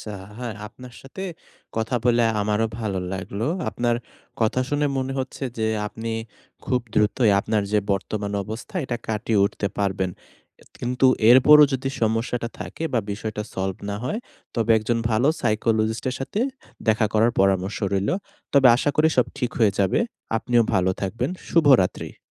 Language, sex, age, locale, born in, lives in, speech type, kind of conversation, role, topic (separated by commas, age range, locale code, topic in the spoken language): Bengali, male, 20-24, bn-BD, Bangladesh, Bangladesh, conversation, advice, advisor, আমি কীভাবে প্রত্যাখ্যানের পর আবার আত্মবিশ্বাস ফিরে পেতে পারি?